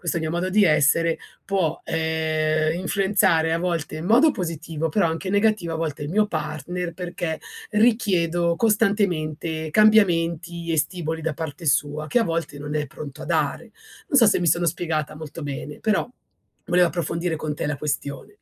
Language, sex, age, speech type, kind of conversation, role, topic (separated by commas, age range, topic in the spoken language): Italian, female, 40-44, conversation, advice, user, Come posso mantenere ogni giorno curiosità e nuovi stimoli?